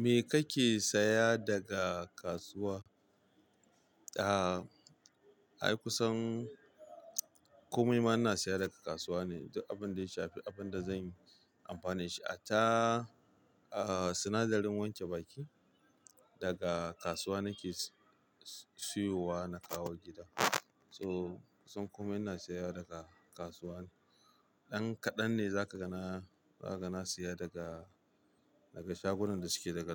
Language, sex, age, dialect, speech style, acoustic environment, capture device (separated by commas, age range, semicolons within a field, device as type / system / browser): Hausa, male, 25-34, Nigeria - Kano, happy, people talking; music; traffic, mobile / Linux / Chrome